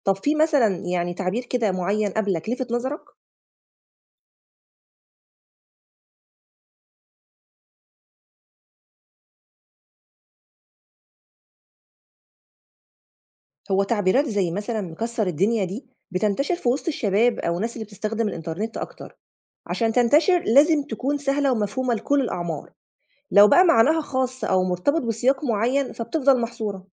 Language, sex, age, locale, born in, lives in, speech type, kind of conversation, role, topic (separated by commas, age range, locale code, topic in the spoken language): Arabic, female, 35-39, ar-EG, Egypt, Egypt, read, expert-assistant, expert, لو عايز أعرف إزاي اللغة بتتغير بين الأجيال في مصر، أقرأ إيه أو أتابع مين؟